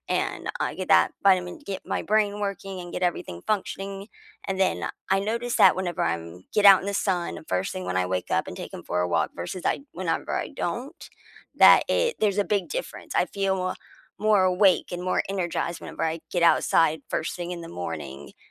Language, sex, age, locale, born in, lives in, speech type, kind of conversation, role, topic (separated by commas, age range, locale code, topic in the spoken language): English, female, 25-29, en-US, United States, United States, conversation, unstructured, none, What small daily rituals make your mornings better?